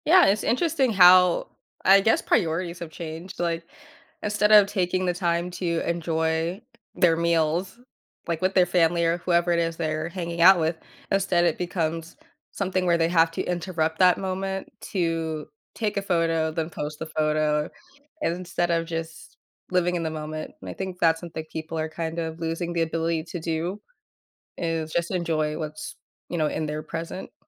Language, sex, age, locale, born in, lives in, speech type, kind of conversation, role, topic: English, female, 20-24, en-US, United States, United States, conversation, podcast, host, What challenges do people face from constant internet use?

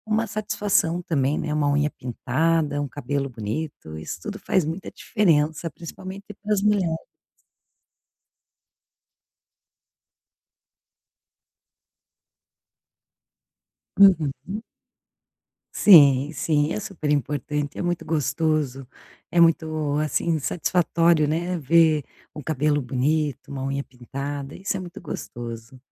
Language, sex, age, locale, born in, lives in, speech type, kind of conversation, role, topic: Portuguese, female, 45-49, pt-BR, Brazil, Portugal, conversation, advice, advisor, Como manter o autocuidado quando meus horários são muito irregulares?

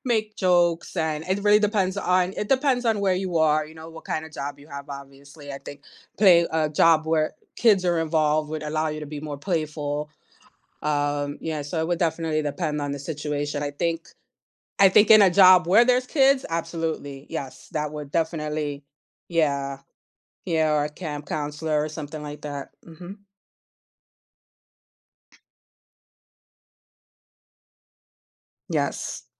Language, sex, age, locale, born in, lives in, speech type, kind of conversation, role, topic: English, female, 45-49, en-US, United States, United States, conversation, unstructured, none, How can being playful improve our happiness and relationships?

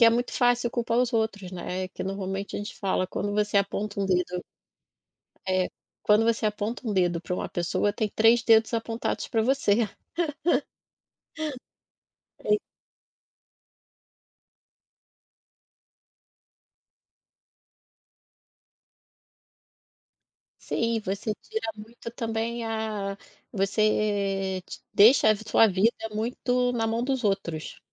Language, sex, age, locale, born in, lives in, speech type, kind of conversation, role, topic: Portuguese, female, 40-44, pt-BR, Brazil, Portugal, conversation, podcast, guest, Como contar um fracasso de forma construtiva?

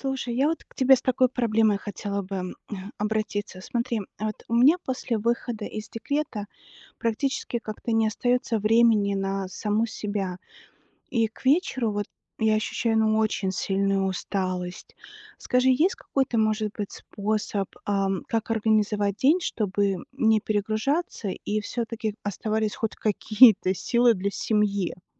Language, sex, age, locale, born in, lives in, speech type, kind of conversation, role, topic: Russian, female, 40-44, ru-RU, Belarus, United States, read, expert-assistant, asker, Каким образом можно разумно чередовать работу и отдых, чтобы тело чувствовало себя лучше?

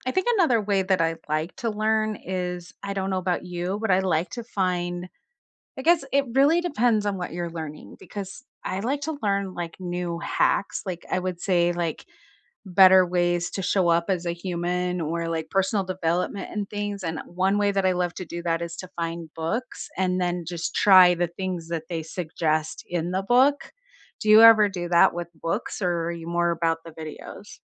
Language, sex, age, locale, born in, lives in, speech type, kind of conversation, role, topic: English, female, 45-49, en-US, United States, United States, conversation, unstructured, none, How do you actually like to learn new things?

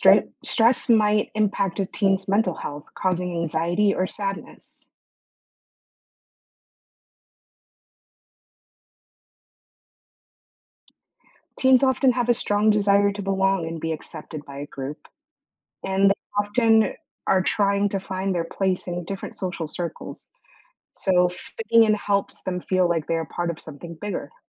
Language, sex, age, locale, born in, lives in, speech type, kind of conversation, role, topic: English, female, 30-34, en-US, United States, United States, read, expert-assistant, expert, Explain the importance of peer pressure during adolescence.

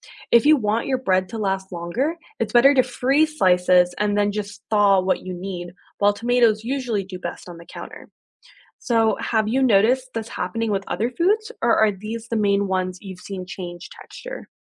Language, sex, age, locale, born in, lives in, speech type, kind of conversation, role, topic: English, female, 25-29, en-US, United States, United States, read, expert-assistant, expert, How does a refrigerator keep food cold and preserve food safely?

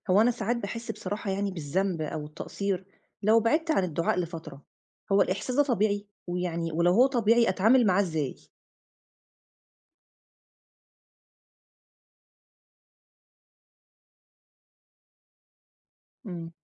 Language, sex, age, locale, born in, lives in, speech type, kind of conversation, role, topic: Arabic, female, 35-39, ar-EG, Egypt, Egypt, read, expert-assistant, asker, ليه ممكن أحس إني بدعي كتير ومفيش استجابة، وده معناه إن في مشكلة في علاقتي بربنا؟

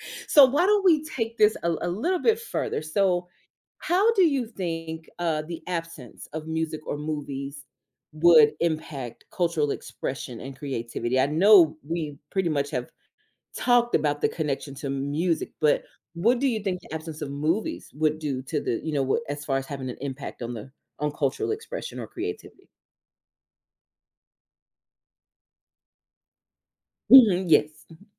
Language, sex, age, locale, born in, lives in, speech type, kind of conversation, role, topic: English, female, 35-39, en-US, United States, United States, conversation, unstructured, none, Would you rather live in a world without music or a world without movies?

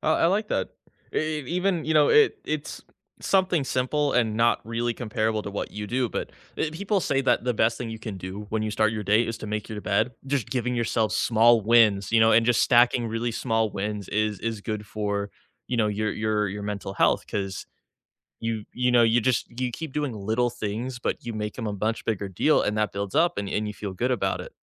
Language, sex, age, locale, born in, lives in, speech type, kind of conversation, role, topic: English, male, 25-29, en-US, United States, United States, conversation, unstructured, none, What's one simple habit I can try to feel proud each day?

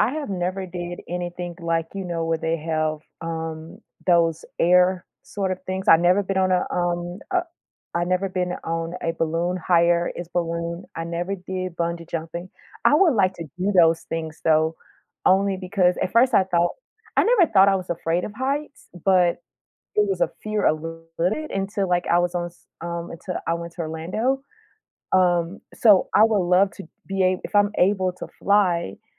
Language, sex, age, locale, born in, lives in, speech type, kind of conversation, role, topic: English, female, 35-39, en-US, United States, United States, conversation, unstructured, none, How do you think life would change if people could suddenly fly?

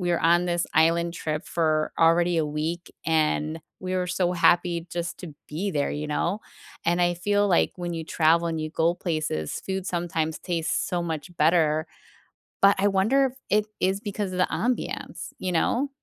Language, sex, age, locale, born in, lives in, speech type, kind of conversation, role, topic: English, female, 40-44, en-US, United States, United States, conversation, unstructured, none, What is a meal you remember vividly, and what made it special for you?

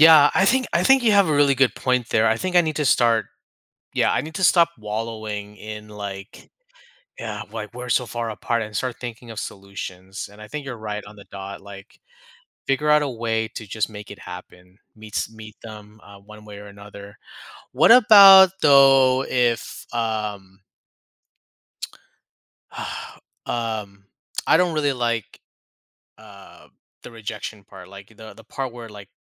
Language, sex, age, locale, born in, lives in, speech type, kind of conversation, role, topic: English, male, 35-39, en-US, United States, United States, conversation, advice, user, How do I handle feeling hurt and decide whether to reach out to a friend who’s drifting away?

